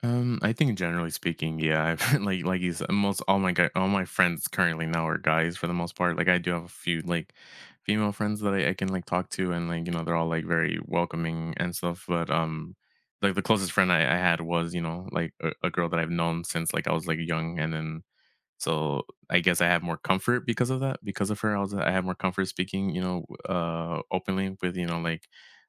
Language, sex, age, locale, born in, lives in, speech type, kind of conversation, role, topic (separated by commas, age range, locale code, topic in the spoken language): English, male, 25-29, en-US, United States, United States, conversation, unstructured, none, Have you ever felt like you had to hide who you are?